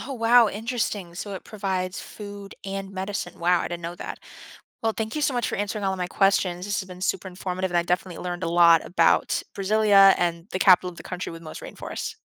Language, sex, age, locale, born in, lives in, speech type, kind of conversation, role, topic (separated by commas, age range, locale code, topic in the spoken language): English, female, 18-19, en-US, United States, United States, read, expert-assistant, asker, What is the capital of the country with the most rainforests?